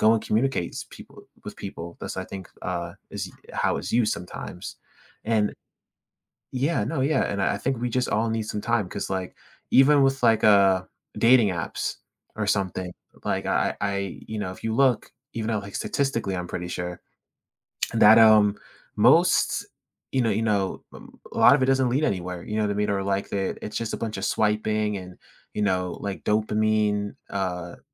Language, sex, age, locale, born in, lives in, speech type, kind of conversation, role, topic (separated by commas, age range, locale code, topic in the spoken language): English, male, 18-19, en-US, United States, United States, conversation, podcast, host, In what ways has technology transformed our everyday interactions with others?